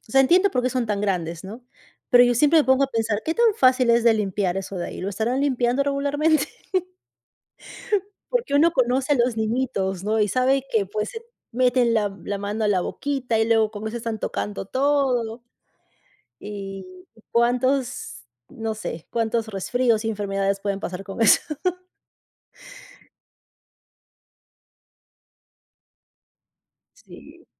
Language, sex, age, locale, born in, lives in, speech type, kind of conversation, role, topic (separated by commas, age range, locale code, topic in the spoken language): Spanish, female, 35-39, es-PE, Peru, United States, conversation, unstructured, none, ¿Qué es lo que más te molesta de las cadenas de comida rápida?